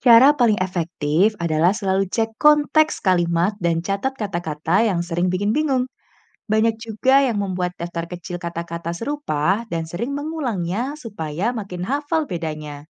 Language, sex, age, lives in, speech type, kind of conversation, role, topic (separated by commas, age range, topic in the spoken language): Indonesian, female, 25-29, Indonesia, read, expert-assistant, expert, Bagaimana cara mudah membandingkan kata-kata yang mirip di berbagai bahasa tanpa bingung?